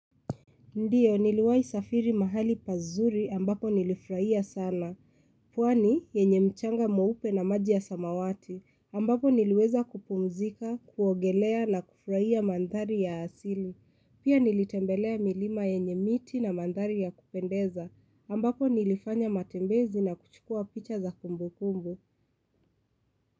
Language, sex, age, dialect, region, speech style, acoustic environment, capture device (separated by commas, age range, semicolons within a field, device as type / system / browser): Swahili, female, 25-34, Kenya - Nairobi Sheng-influenced, Kenya, excited, silence, mobile / Linux / Chrome